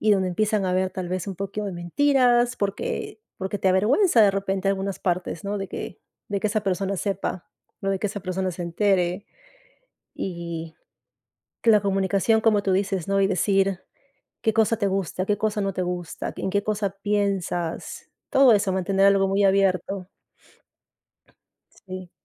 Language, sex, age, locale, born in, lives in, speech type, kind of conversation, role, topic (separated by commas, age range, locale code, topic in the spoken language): Spanish, female, 35-39, es-PE, Peru, United States, conversation, unstructured, none, ¿Qué papel juega la confianza en tus relaciones personales?